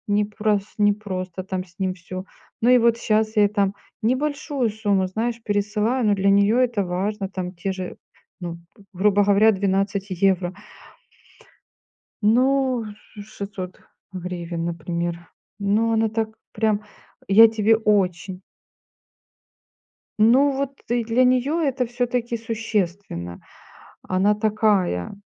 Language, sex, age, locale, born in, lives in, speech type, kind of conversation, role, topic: Russian, female, 45-49, ru-RU, Ukraine, Spain, conversation, unstructured, none, Расскажите о случае, когда вы помогли кому-то и это изменило ваш день?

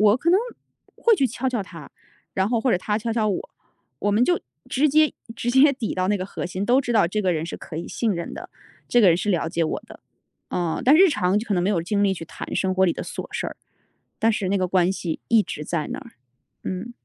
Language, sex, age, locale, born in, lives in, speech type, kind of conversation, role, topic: Chinese, female, 35-39, zh-CN, China, United States, conversation, podcast, guest, 你是否曾因为一次巧合而开始一段关系或友谊？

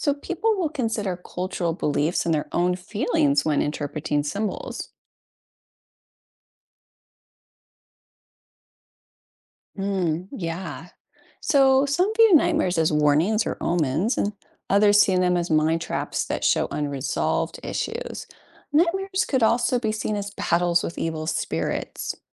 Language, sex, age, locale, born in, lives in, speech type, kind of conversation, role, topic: English, female, 40-44, en-US, United States, United States, read, expert-assistant, expert, How do different cultures interpret dreams and their meanings?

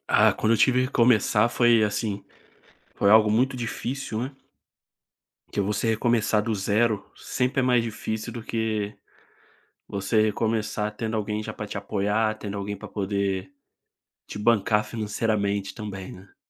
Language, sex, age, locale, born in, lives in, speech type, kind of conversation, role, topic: Portuguese, male, 25-29, pt-BR, Brazil, Spain, conversation, podcast, guest, Quando você precisou recomeçar, como foi esse processo?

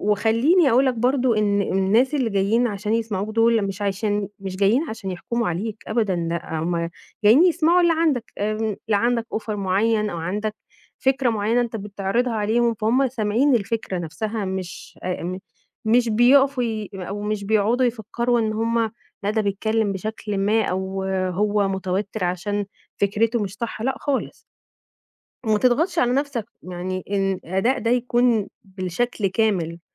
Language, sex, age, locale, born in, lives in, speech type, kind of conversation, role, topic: Arabic, female, 35-39, ar-EG, Egypt, Egypt, conversation, advice, advisor, إزاي أتغلّب على خوفي من الكلام قدّام جمهور جديد؟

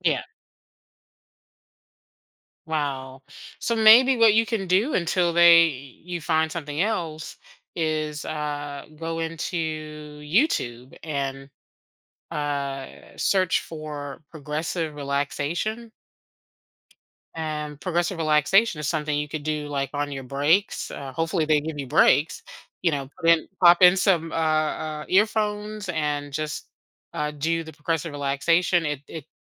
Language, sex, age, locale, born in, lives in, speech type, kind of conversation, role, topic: English, female, 50-54, en-US, United States, United States, conversation, advice, advisor, How can I manage stress at my busy job?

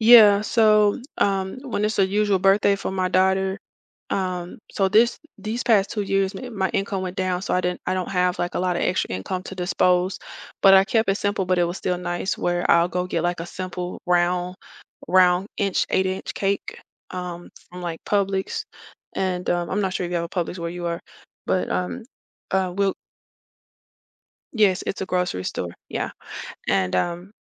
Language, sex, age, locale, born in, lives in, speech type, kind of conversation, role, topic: English, female, 35-39, en-US, United States, United States, conversation, podcast, guest, How do childhood memories shape who we become as adults?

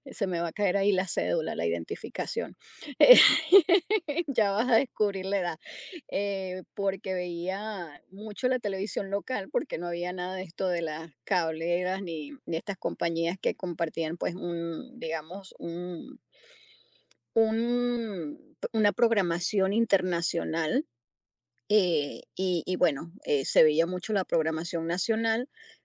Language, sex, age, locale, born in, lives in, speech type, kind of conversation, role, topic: Spanish, female, 50-54, es-US, Venezuela, United States, conversation, podcast, guest, ¿Cómo influye el streaming en lo que vemos hoy en día?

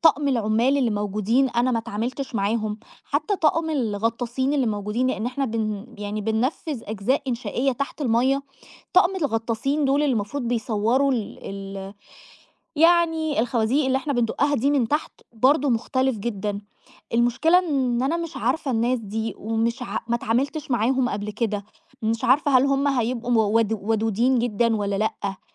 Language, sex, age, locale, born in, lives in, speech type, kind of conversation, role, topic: Arabic, female, 30-34, ar-EG, Egypt, Egypt, conversation, advice, user, إزاي أتعامل مع ضغط أول شغل ليا وأنا بحاول أتأقلم على مسؤوليات جديدة مش متعود عليها؟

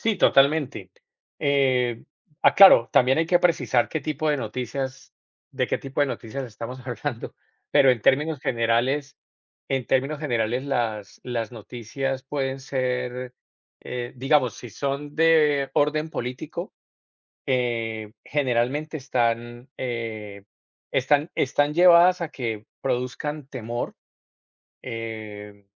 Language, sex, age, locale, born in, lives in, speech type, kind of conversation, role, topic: Spanish, male, 45-49, es-CO, Colombia, United States, conversation, unstructured, none, ¿Qué opinas sobre la manera en que los medios presentan las noticias?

